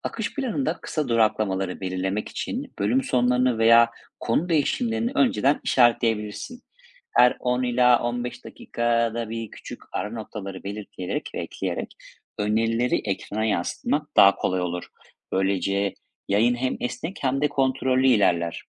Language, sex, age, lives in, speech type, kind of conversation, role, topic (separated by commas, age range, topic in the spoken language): Turkish, male, 35-39, Spain, read, expert-assistant, expert, Daha üretken bir yayıncı olmak için hangi basit rutinleri deneyebilirim?